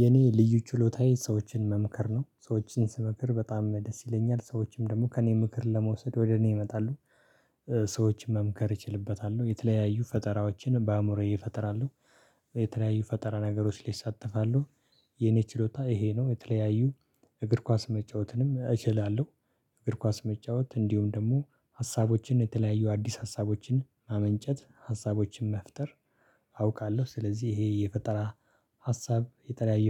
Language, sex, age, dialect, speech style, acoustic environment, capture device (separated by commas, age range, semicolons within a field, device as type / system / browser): Amharic, male, 25-34, Ethiopia - Addis Ababa, happy, silence, mobile / Linux / Chrome